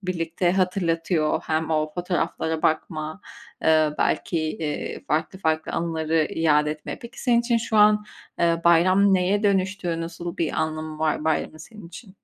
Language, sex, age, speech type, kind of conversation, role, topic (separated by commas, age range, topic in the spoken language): Turkish, female, 25-29, conversation, podcast, host, Bir bayram sofrası kimlik ve aidiyet duygusunu nasıl güçlendirir?